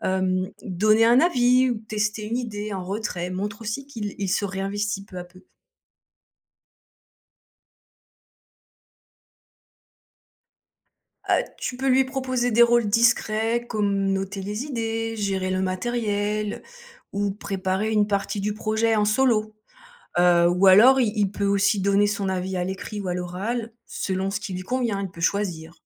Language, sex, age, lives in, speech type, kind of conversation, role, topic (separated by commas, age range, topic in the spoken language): French, female, 50-54, France, read, expert-assistant, expert, Comment éviter que certains élèves décrochent du système scolaire, et quelles solutions marchent vraiment ?